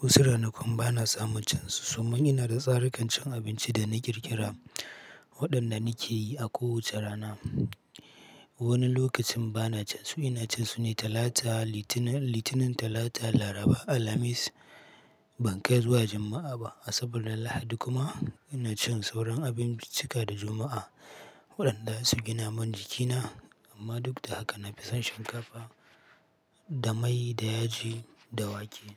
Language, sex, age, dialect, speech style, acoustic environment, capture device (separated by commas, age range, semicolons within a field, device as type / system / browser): Hausa, male, 25-34, Nigeria - Katsina, happy; relaxed, people talking; silence, mobile / Linux / Chrome